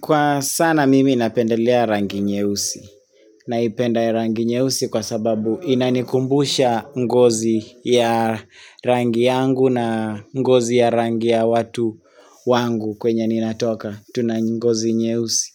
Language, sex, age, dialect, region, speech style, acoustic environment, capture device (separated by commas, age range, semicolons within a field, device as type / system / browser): Swahili, male, 25-34, Kenya - Nairobi Sheng-influenced, Kenya, relaxed, people talking; silence, mobile / Linux / Chrome